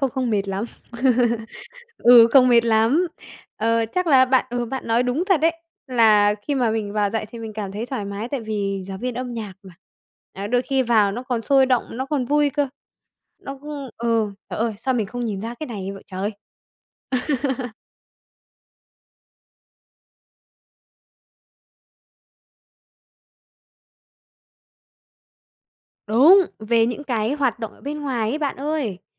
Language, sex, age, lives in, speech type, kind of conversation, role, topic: Vietnamese, female, 20-24, Vietnam, conversation, advice, user, Tôi đang nghi ngờ một quyết định lớn mình đã đưa ra—liệu tôi có nên thay đổi không?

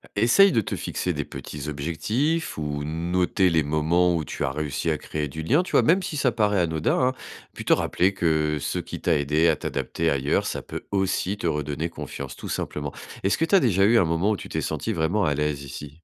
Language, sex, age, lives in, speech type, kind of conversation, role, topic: French, male, 45-49, France, read, expert-assistant, expert, Pourquoi est-ce parfois difficile de s’adapter à une nouvelle culture, et comment peut-on transformer cette expérience en force personnelle ?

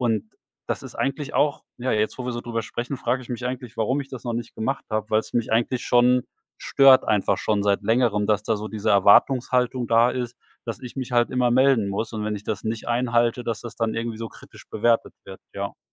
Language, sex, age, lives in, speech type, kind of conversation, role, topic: German, male, 45-49, Germany, conversation, advice, user, Warum fällt es mir schwer, Grenzen zu setzen, ohne Schuldgefühle zu haben?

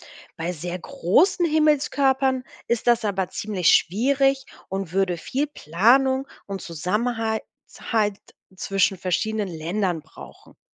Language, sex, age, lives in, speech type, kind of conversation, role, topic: German, female, 30-34, Germany, read, expert-assistant, expert, Was ist eine Sternschnuppe?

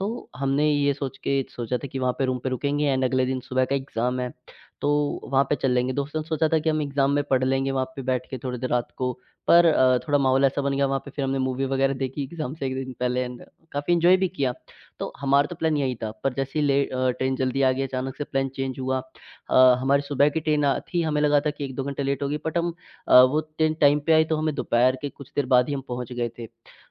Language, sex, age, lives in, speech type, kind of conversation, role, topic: Hindi, male, 18-19, India, conversation, podcast, guest, किसी स्थानीय व्यक्ति की सलाह ने आपकी यात्रा का अनुभव कैसे बदल दिया?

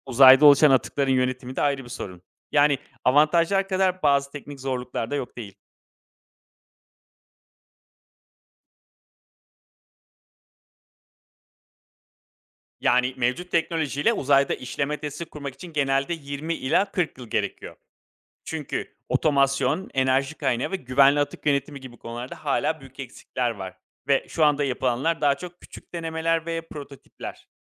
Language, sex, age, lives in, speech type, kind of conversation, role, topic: Turkish, male, 35-39, Greece, read, expert-assistant, expert, Asteroit madenciliği ve uzaydan enerji aktarımı Dünya'yı nasıl etkiler?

